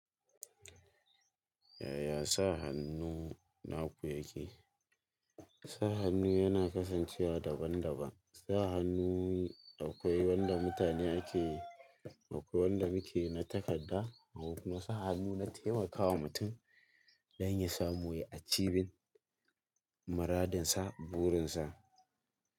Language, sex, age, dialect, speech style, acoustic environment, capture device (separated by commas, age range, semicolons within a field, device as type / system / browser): Hausa, male, 18-24, Nigeria - Kano, focused, nature, mobile / Linux / Chrome